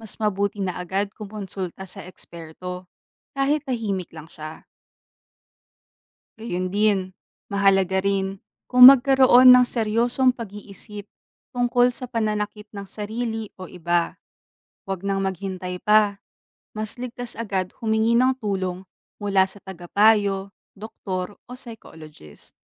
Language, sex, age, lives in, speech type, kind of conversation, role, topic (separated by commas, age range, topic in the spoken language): Filipino, female, 25-29, Philippines, read, expert-assistant, expert, Paano nakakaapekto ang pag-abuso sa bawal na gamot sa kinabukasan ng kabataan?